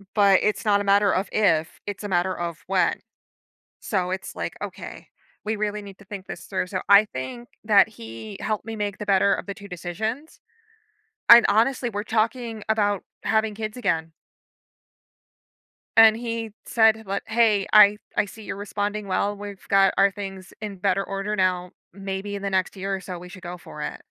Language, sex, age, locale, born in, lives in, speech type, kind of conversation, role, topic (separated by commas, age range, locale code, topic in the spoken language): English, female, 30-34, en-US, United States, United States, conversation, podcast, guest, Why is it important to see things from someone else’s point of view when trying to resolve disagreements?